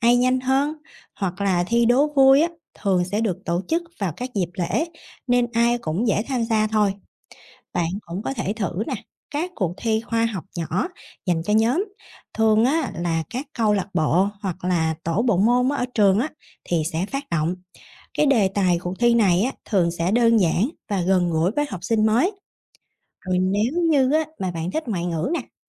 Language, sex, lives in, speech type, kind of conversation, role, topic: Vietnamese, female, Vietnam, read, expert-assistant, expert, Những lợi ích của việc tham gia các cuộc thi học thuật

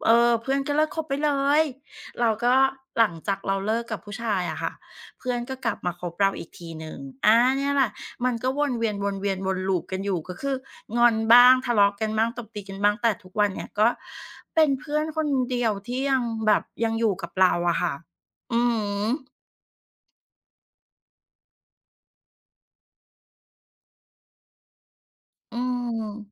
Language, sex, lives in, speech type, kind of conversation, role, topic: Thai, female, Thailand, conversation, podcast, guest, เวลาคบกันมานานแล้ว คุณทำอย่างไรให้ยังรู้สึกสนิทกันอยู่เสมอ?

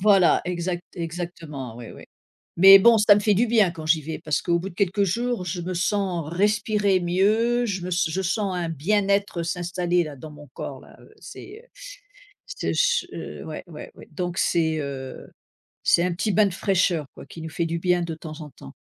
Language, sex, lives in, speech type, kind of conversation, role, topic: French, female, United States, conversation, unstructured, none, Qu’est-ce qui te rend heureux quand tu es dehors ?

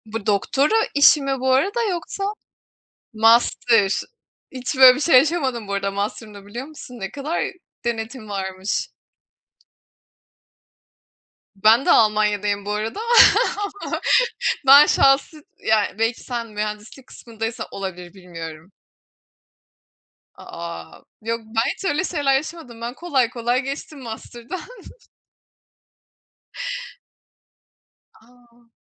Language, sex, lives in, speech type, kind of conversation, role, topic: Turkish, female, Germany, conversation, unstructured, none, Son zamanlarda seni en çok etkileyen haber hangisiydi?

